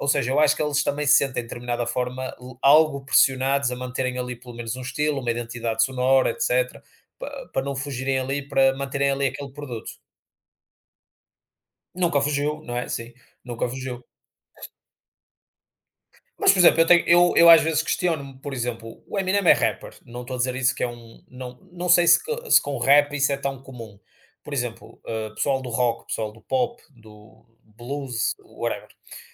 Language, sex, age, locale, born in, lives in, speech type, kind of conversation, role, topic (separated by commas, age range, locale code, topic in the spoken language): Portuguese, male, 30-34, pt-PT, Portugal, Portugal, conversation, unstructured, none, Como a pressão para ser perfeito afeta artistas e fãs?